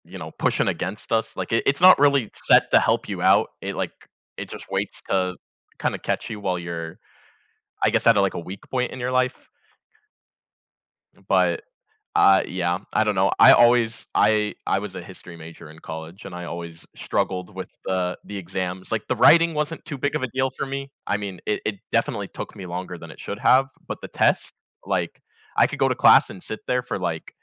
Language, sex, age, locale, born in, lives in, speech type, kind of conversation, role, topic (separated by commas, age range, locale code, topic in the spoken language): English, male, 20-24, en-US, United States, United States, conversation, unstructured, none, Do tests truly reflect a student's abilities and potential?